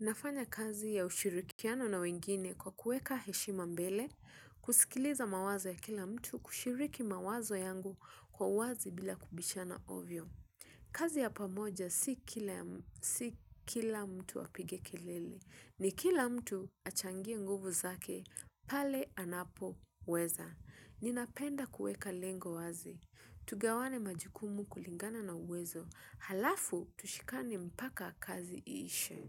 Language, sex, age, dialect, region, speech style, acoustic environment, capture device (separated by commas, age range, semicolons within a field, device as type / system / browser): Swahili, female, 25-34, Kenya - Mombasa, Kenya, relaxed, silence, mobile / Linux / Chrome